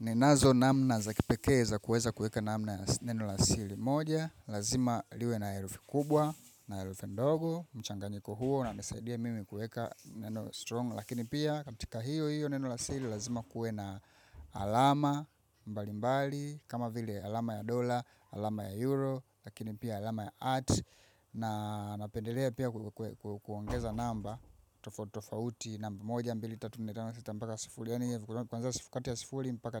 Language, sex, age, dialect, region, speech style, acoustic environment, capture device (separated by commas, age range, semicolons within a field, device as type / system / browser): Swahili, female, 25-34, Tanzania - Dar es Salaam, Tanzania, focused; excited; relaxed, silence, mobile / macOS / Safari